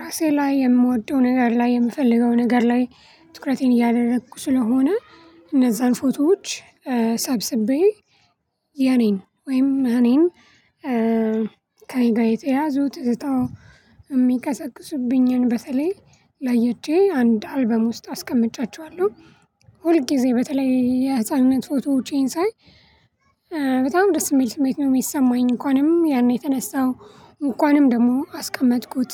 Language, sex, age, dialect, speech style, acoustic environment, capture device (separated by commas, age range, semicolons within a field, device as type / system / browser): Amharic, female, 25-34, Ethiopia - Addis Ababa, happy, nature, mobile / Linux / Chrome